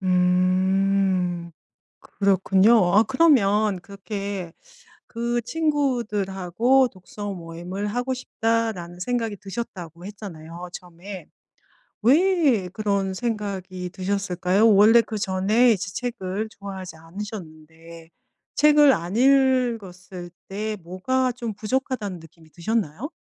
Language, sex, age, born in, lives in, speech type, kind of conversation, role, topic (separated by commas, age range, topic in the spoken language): Korean, female, 50-54, South Korea, Germany, conversation, podcast, host, 그 취미를 시작하게 된 계기는 무엇인가요?